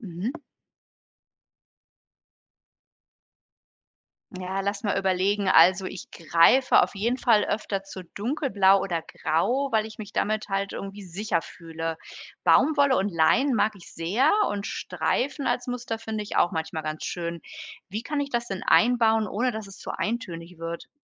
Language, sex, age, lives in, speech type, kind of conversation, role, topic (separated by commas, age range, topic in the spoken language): German, female, 45-49, Germany, read, expert-assistant, asker, Wie finde ich meinen eigenen Kleidungsstil, ohne ständig neuen Trends zu folgen?